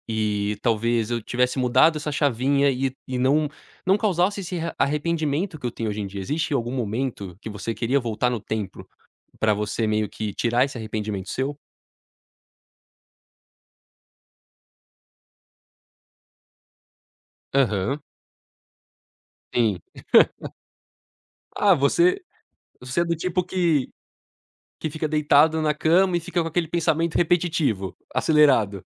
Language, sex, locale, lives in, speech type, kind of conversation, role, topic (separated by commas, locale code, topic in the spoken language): Portuguese, male, pt-BR, United States, conversation, podcast, host, Como você lida com arrependimentos das suas escolhas?